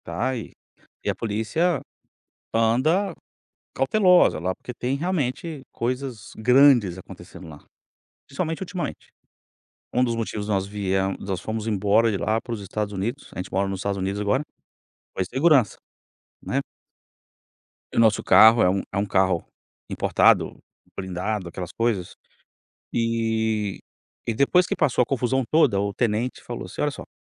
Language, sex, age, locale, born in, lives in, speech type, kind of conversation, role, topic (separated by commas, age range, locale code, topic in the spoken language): Portuguese, male, 45-49, pt-BR, Brazil, United States, conversation, podcast, guest, O que é essencial para você em uma parceria amorosa?